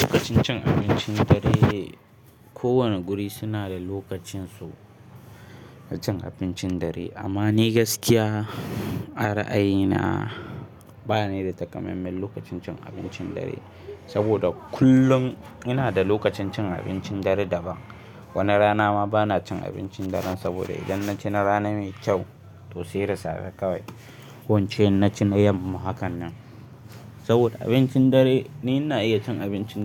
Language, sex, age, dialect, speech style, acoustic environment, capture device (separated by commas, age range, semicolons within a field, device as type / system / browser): Hausa, male, 18-24, Nigeria - Kano, focused, silence, mobile / Linux / Chrome